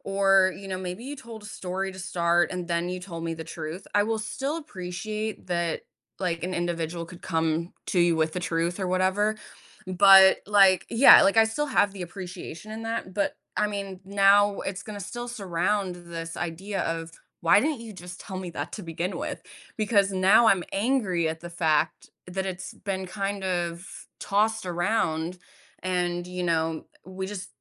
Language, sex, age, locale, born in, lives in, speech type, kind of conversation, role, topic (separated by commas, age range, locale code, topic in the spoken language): English, female, 30-34, en-US, United States, United States, conversation, podcast, guest, How does being honest shape your relationships and daily choices?